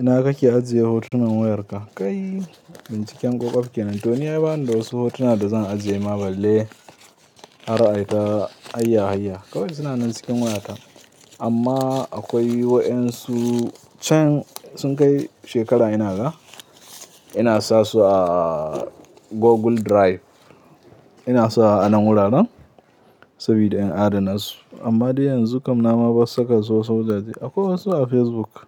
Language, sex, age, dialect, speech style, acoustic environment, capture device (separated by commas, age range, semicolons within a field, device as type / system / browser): Hausa, male, 18-24, Nigeria - Katsina, happy; anxious; tired; relaxed; nervous; excited; focused; stressed, people talking; music; nature; silence; traffic; construction; appliances, mobile / Linux / Chrome